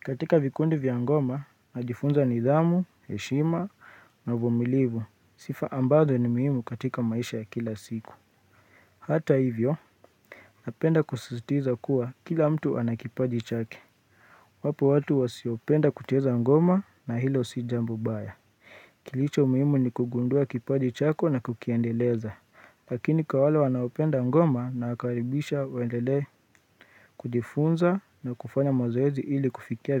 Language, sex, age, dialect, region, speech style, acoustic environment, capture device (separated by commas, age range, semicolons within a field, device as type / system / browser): Swahili, male, 25-34, Kenya - Nairobi Sheng-influenced, Kenya, relaxed, silence, mobile / Linux / Chrome